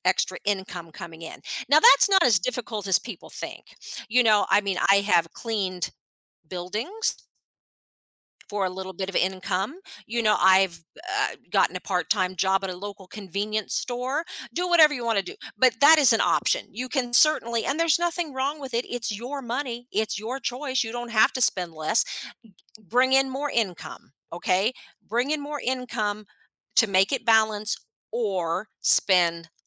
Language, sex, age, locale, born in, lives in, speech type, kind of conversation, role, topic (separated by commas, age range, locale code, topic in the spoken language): English, female, 50-54, en-US, United States, United States, conversation, podcast, guest, How can learning to budget help you take control of your finances?